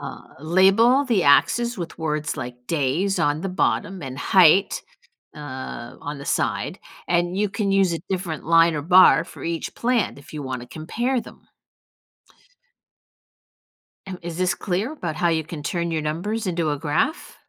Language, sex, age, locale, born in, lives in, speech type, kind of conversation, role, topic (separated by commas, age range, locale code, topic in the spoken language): English, female, 55-59, en-US, United States, United States, read, expert-assistant, expert, What are the key steps in creating a successful science project?